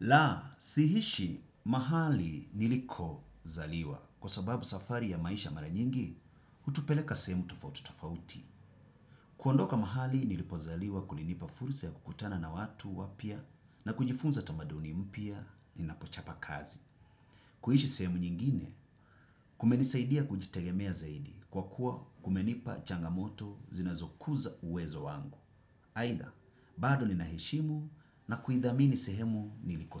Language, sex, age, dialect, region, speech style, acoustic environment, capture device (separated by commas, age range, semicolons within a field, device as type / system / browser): Swahili, male, 35-44, Kenya - Mombasa, Kenya, happy, silence, desktop / Windows / Chrome